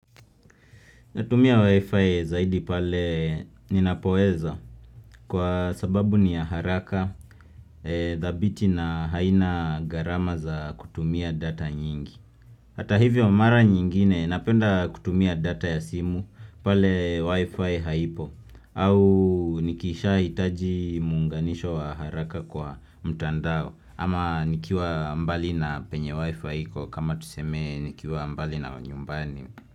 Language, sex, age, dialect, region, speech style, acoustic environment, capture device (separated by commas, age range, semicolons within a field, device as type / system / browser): Swahili, male, 25-34, Kenya - Nairobi Sheng-influenced, Kenya, happy, silence, desktop / Windows / Chrome